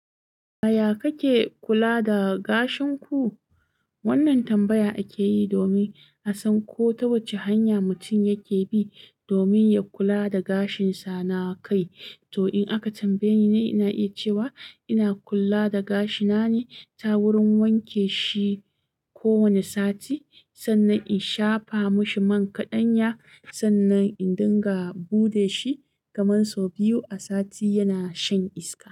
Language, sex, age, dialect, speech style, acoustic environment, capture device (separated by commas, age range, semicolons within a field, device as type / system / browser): Hausa, female, 25-34, Nigeria - Kano, excited; focused, silence, mobile / Linux / Chrome